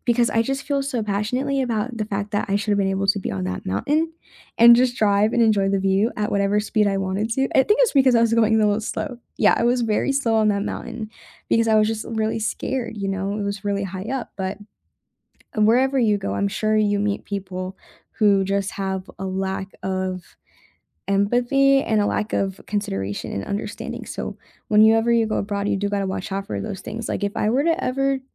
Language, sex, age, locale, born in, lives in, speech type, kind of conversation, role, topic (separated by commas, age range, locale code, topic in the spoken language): English, female, 20-24, en-US, United States, United States, conversation, unstructured, none, Can adventure travel be made genuinely safe while preserving real thrill and authentic risk?